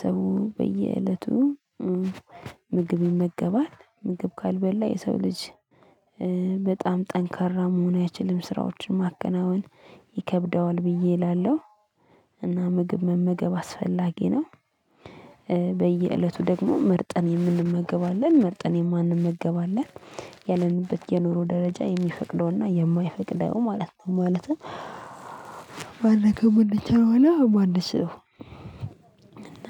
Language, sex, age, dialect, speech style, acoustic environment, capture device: Amharic, female, 25-34, Ethiopia - Addis Ababa, relaxed, music; people talking, mobile / Linux / Chrome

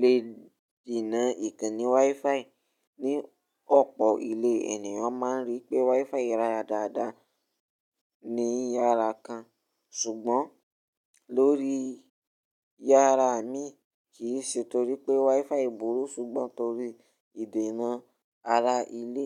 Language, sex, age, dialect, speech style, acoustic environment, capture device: Yoruba, male, 18-24, Nigeria - Ibadan, relaxed; focused, nature, mobile / Linux / Chrome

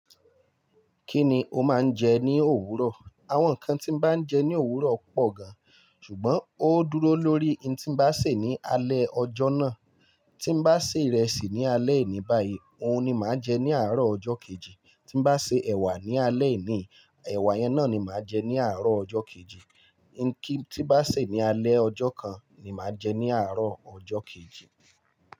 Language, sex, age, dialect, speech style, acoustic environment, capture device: Yoruba, male, 25-34, Nigeria - Lagos Yoruba, nervous, appliances; music; people talking, mobile / Linux / Chrome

